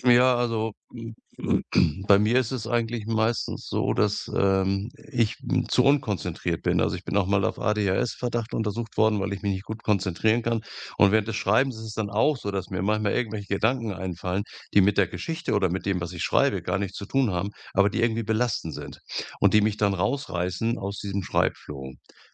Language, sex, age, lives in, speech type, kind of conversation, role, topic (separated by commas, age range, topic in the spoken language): German, male, 65-69, Germany, conversation, podcast, guest, Welche kleinen Übungen helfen dir dabei, festgefahrene Ideen wieder zu lockern?